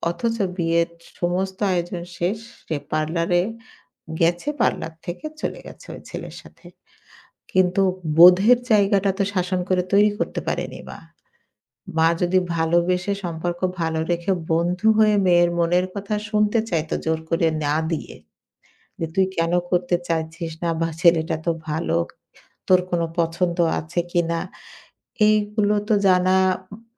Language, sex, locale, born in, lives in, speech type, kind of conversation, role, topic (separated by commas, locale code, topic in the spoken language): Bengali, female, bn-BD, Bangladesh, Bangladesh, conversation, podcast, guest, সন্তানদের ওপর কড়াকড়ি শৃঙ্খলা আরোপ না করে তাদের কীভাবে অনুপ্রাণিত করবেন?